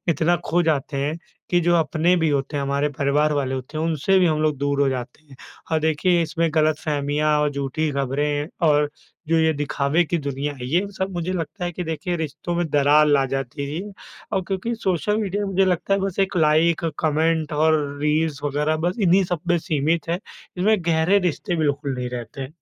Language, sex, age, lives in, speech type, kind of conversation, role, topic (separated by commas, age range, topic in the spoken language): Hindi, male, 25-29, India, conversation, unstructured, none, क्या सोशल मीडिया हमारे रिश्तों को कमजोर कर रहा है?